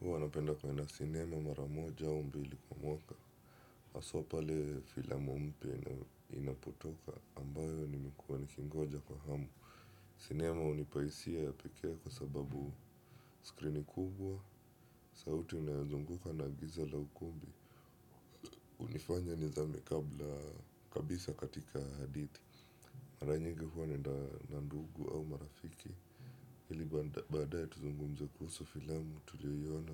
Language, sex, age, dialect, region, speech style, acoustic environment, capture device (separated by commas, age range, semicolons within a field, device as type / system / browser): Swahili, male, 25-34, Kenya - Mombasa, Kenya, happy; relaxed; focused, silence; nature; appliances, desktop / Windows / Chrome